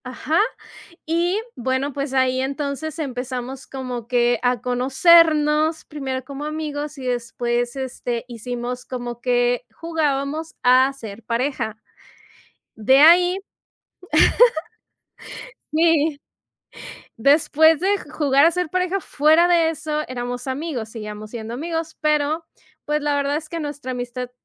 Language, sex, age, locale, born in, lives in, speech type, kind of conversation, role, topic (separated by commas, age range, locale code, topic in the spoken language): Spanish, female, 35-39, es-MX, Mexico, Mexico, conversation, advice, user, ¿Cómo puedo adaptarme a una nueva cultura al mudarme a otro país por trabajo o por mi pareja?